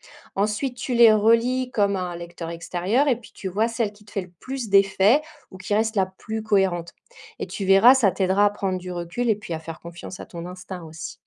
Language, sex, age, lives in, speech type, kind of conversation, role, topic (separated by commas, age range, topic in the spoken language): French, female, 40-44, France, read, expert-assistant, expert, Comment planifier l’écriture d’un roman sans se perdre en cours de route ?